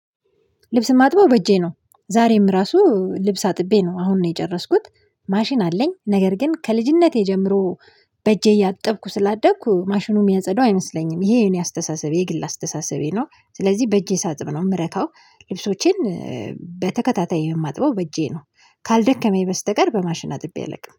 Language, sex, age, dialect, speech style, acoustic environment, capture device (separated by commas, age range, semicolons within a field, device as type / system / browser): Amharic, female, 25-34, Ethiopia - Addis Ababa, happy, silence, mobile / Linux / Chrome